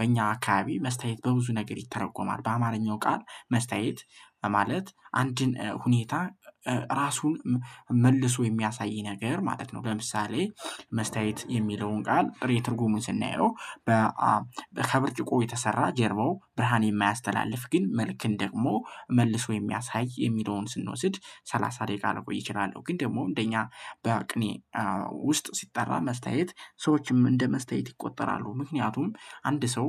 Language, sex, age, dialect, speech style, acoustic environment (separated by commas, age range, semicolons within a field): Amharic, male, 18-24, Ethiopia - Gojjam, happy, people talking; nature; traffic